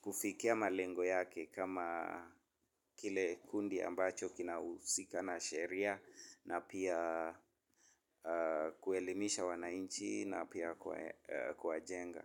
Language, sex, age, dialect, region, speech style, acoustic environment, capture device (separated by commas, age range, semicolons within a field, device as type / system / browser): Swahili, male, 25-34, Kenya - Nairobi Sheng-influenced, Kenya, focused, construction; nature; silence, mobile / Linux / Chrome